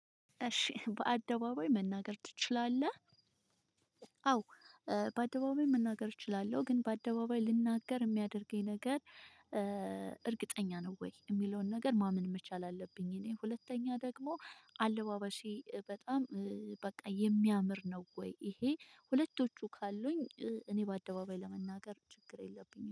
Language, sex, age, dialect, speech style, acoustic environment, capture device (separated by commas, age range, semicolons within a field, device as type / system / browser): Amharic, female, 25-34, Ethiopia - Addis Ababa, happy; stressed; focused; relaxed, people talking, mobile / Linux / Chrome